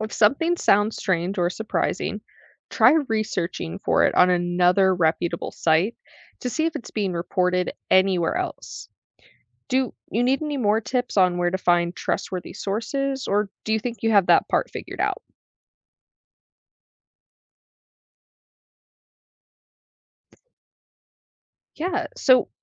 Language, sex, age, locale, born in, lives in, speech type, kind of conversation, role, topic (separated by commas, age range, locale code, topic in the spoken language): English, female, 20-24, en-US, United States, United States, read, expert-assistant, expert, How does social media influence the way we communicate with each other?